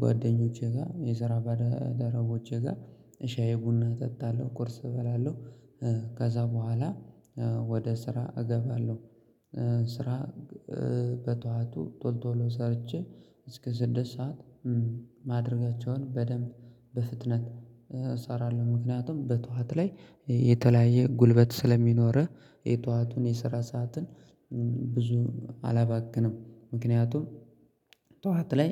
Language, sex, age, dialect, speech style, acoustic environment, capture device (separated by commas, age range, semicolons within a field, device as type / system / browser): Amharic, male, 25-34, Ethiopia - Gojjam, excited, nature, mobile / Linux / Chrome